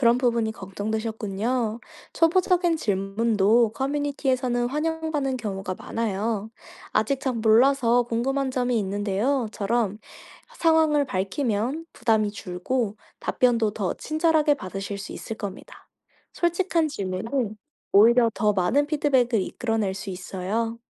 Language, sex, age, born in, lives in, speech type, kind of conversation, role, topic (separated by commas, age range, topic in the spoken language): Korean, female, 25-29, South Korea, France, read, expert-assistant, expert, 천체물리학에 대해 더 잘 이해하려면 일상에서 어떤 방식으로 호기심을 키워볼 수 있을까요?